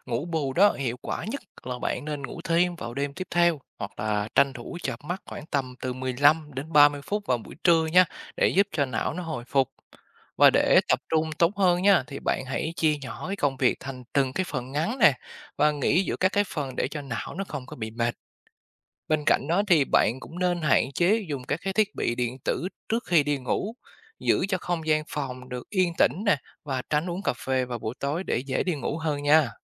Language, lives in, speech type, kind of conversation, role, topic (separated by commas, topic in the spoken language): Vietnamese, Vietnam, read, expert-assistant, expert, Ảnh hưởng của việc thiếu ngủ đến khả năng tập trung